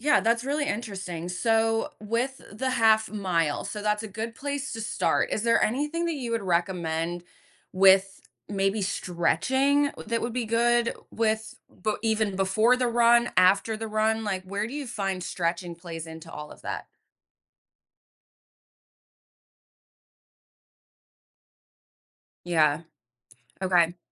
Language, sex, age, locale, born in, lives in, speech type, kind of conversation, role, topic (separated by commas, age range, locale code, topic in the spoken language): English, female, 30-34, en-US, United States, United States, conversation, podcast, host, What are the best ways for beginners to ease into running and make it a lasting habit?